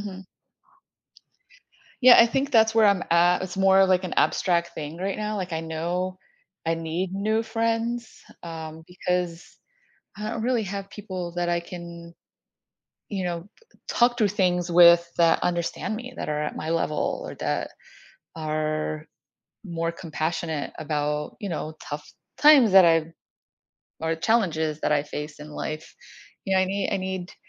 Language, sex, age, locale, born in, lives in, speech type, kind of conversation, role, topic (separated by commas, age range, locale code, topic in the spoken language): English, female, 50-54, en-US, United States, United States, conversation, advice, user, How can I make meaningful friends as an adult?